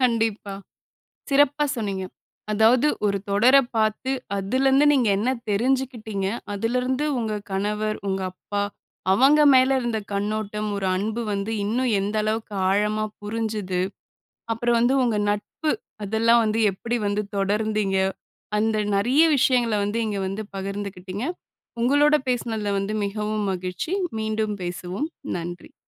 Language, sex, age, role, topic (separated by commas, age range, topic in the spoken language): Tamil, female, 25-29, host, ஒரு தொடரைக் பார்த்த பிறகு உங்கள் வாழ்க்கை நோக்கங்கள் மாறியதா?